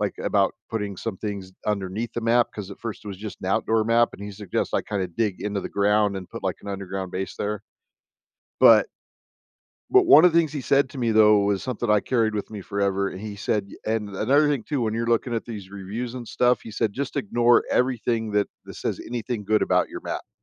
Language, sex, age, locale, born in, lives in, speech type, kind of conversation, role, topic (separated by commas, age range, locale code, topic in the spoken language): English, male, 50-54, en-US, United States, United States, conversation, podcast, guest, How has your hobby changed the way you see yourself or the world?